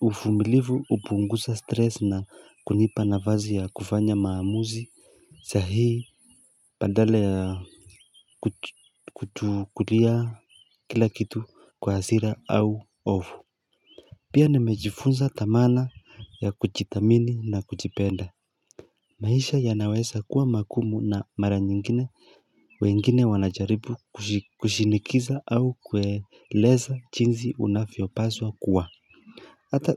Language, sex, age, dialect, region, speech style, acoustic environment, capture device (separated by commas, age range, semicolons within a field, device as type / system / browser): Swahili, male, 25-34, Kenya - Mombasa, Kenya, relaxed, nature, mobile / Linux / Chrome